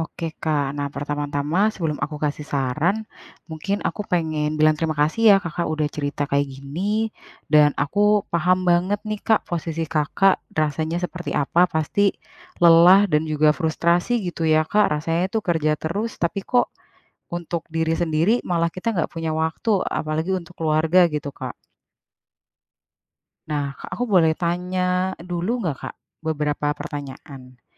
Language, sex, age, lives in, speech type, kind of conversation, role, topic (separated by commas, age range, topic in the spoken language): Indonesian, female, 30-34, Indonesia, conversation, advice, advisor, Bagaimana cara menyeimbangkan pekerjaan startup saya dengan kehidupan pribadi dan keluarga?